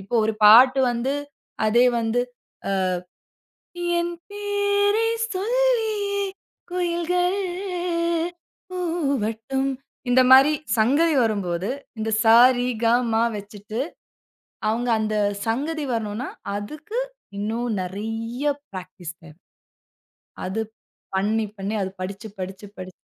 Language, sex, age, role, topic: Tamil, female, 35-39, guest, நீங்கள் தினமும் படைப்புப் பணிக்காக எந்த தினசரி நடைமுறையைப் பின்பற்றுகிறீர்கள்?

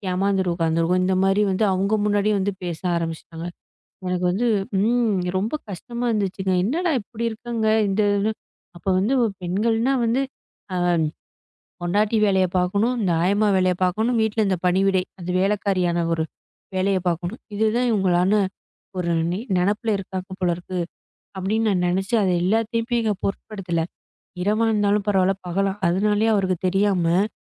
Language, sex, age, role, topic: Tamil, female, 25-29, guest, நண்பர்களும் குடும்பமும் உங்கள் வேலையை எப்படி பார்க்கிறார்கள்?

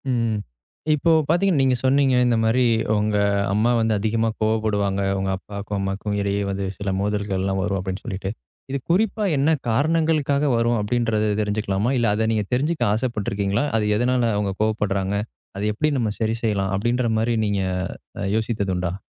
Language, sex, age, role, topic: Tamil, male, 25-29, host, பெரியவர்களுக்கிடையிலான மோதலை சமாளிக்க நாமென்ன பங்கு ஏற்கலாம்?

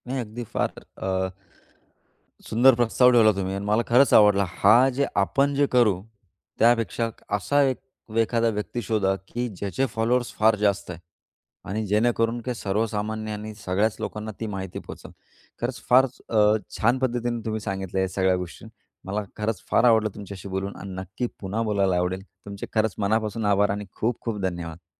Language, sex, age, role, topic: Marathi, male, 35-39, host, फेक बातम्या आणि डीपफेक्सचा सामना आपण कसा करायला हवा?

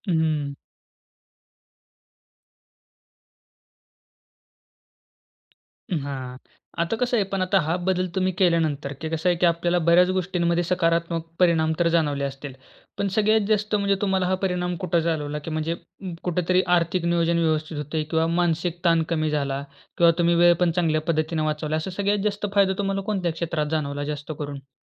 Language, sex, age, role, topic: Marathi, male, 20-24, host, तुमच्या आयुष्यात एखाद्या छोट्या बदलामुळे कधी मोठा फरक पडला आहे का?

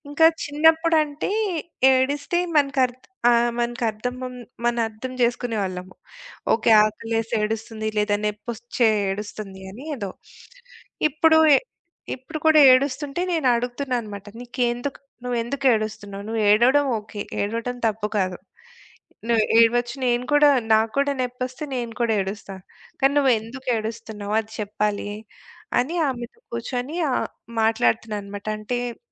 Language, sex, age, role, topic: Telugu, female, 40-44, guest, ఫోన్‌లు, వాట్సాప్ వలన ఇంట్లో మాట్లాడుకునే విధానం ఎలా మారిందని మీరు అనుకుంటారు?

